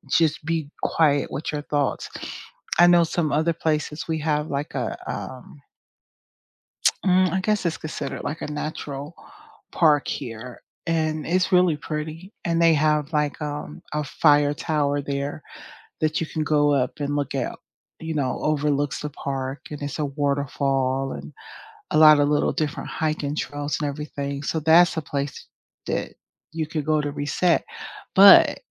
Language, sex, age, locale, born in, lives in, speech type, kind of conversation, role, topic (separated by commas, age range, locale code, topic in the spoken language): English, female, 50-54, en-US, United States, United States, conversation, unstructured, none, Where do you go nearby to clear your head when you need to get outside?